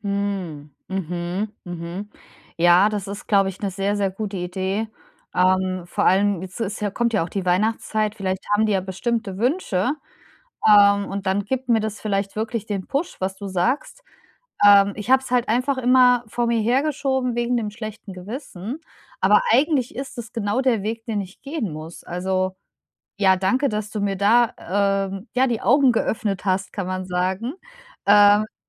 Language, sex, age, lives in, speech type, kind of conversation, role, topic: German, female, 35-39, Germany, conversation, advice, user, Wie finde ich wieder die Motivation, ein altes kreatives Projekt endlich abzuschließen?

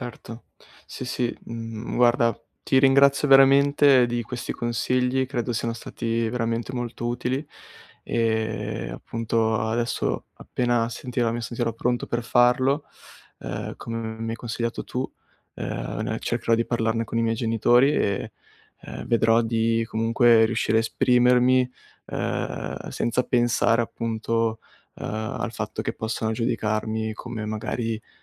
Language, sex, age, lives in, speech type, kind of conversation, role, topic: Italian, male, 20-24, Italy, conversation, advice, user, Come posso evitare di farmi coinvolgere dalle emozioni quando mi sento sopraffatto?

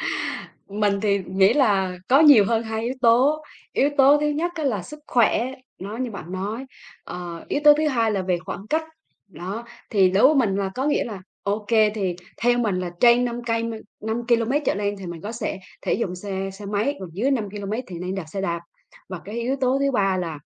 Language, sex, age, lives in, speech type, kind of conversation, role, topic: Vietnamese, female, 35-39, Vietnam, conversation, unstructured, none, Bạn nghĩ gì về việc đi xe đạp so với đi xe máy?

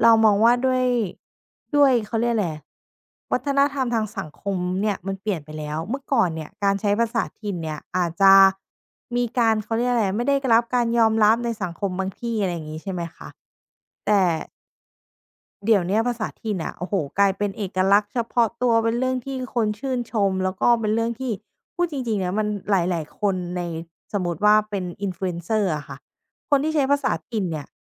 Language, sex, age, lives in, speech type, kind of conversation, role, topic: Thai, female, 30-34, Thailand, conversation, podcast, guest, คุณอยากให้ลูกยังพูดภาษาถิ่นฐานของครอบครัวต่อไปไหม?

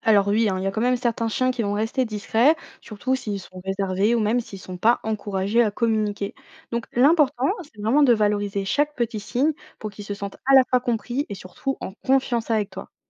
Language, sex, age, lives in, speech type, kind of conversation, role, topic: French, female, 20-24, France, read, expert-assistant, expert, Et si votre chien ou chat comprenait soudainement tout ce que vous dites : comment changeriez-vous votre façon de l’éduquer ?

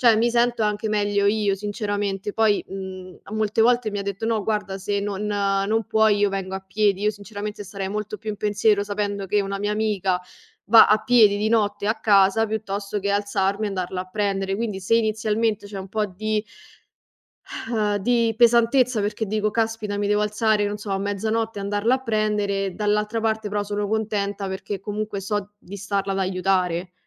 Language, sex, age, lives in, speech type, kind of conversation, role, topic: Italian, female, 25-29, Italy, conversation, advice, user, Come posso gestire un amico che chiede favori di continuo senza mai ricambiare?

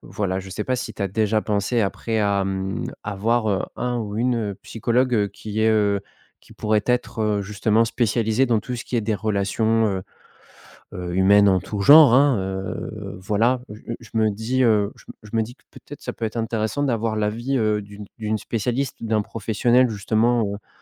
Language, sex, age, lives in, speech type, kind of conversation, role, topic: French, male, 30-34, France, conversation, advice, advisor, Comment puis-je être honnête dans une relation sans blesser l’autre personne ?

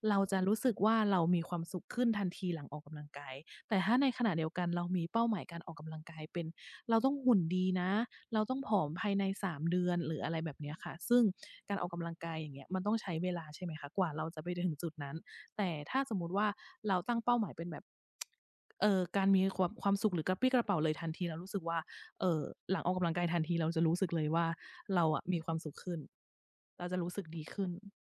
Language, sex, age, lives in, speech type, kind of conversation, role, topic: Thai, female, 40-44, Thailand, conversation, unstructured, none, คุณคิดว่าการออกกำลังกายช่วยให้ชีวิตดีขึ้นอย่างไร?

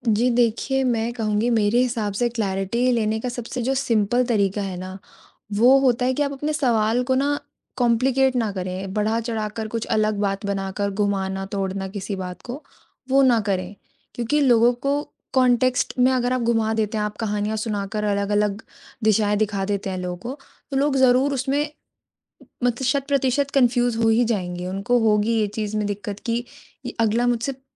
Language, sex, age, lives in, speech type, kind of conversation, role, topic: Hindi, female, 20-24, India, conversation, podcast, guest, अगर आपको स्पष्टता चाहिए, तो सीधे सवाल पूछने का सबसे आसान तरीका क्या है?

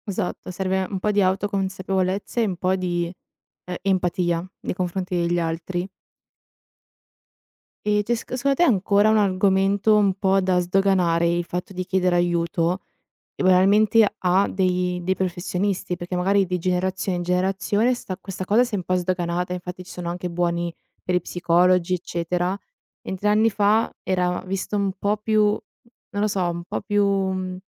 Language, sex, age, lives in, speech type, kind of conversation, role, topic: Italian, female, 20-24, Italy, conversation, podcast, host, Quando è giusto chiedere aiuto e come farlo con coraggio?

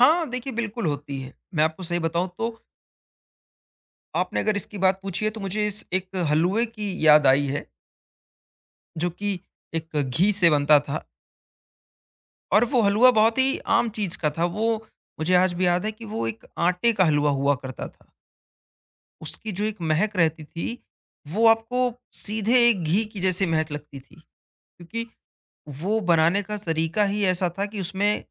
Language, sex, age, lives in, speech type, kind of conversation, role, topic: Hindi, male, 20-24, India, conversation, podcast, guest, Kaunsi dish ka pehla bite lete hi aapko bachpan ki yaadein taaza ho jaati hain?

